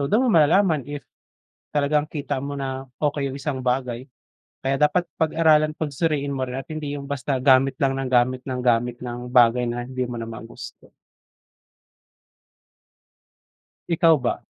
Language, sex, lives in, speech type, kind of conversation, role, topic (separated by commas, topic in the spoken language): Filipino, male, Philippines, conversation, unstructured, none, Anong bagong teknolohiya ang gusto mong subukan?